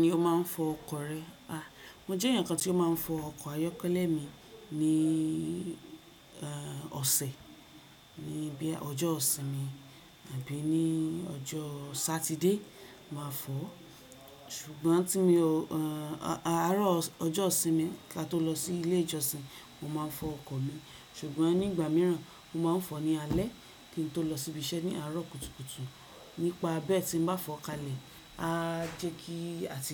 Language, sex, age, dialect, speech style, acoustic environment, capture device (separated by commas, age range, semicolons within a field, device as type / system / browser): Yoruba, female, 25-34, Nigeria - Ibadan, relaxed, nature, desktop / Windows / Chrome